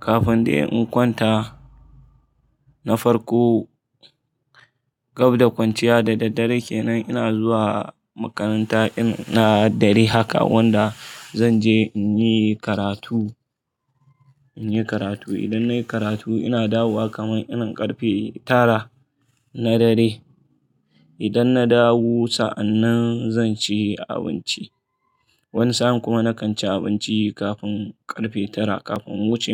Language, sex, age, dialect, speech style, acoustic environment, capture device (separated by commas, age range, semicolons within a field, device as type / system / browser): Hausa, male, 25-34, Nigeria - Kano, relaxed, people talking; traffic; appliances, mobile / Linux / Chrome